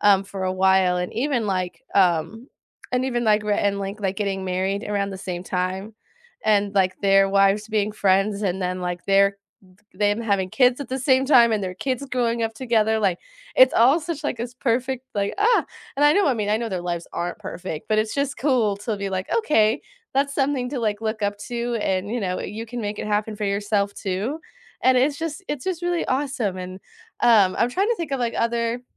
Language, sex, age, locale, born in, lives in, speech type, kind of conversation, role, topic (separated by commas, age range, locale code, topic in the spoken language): English, female, 20-24, en-US, United States, United States, conversation, unstructured, none, What hidden-gem podcasts or YouTube channels could we enjoy together, and why?